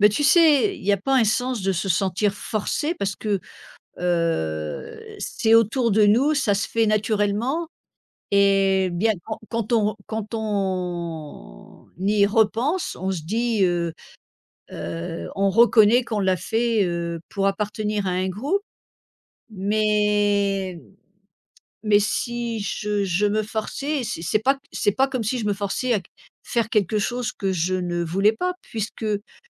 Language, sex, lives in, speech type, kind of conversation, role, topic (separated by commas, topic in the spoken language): French, female, United States, conversation, podcast, guest, Comment t’accordes-tu le droit d’être imparfait ?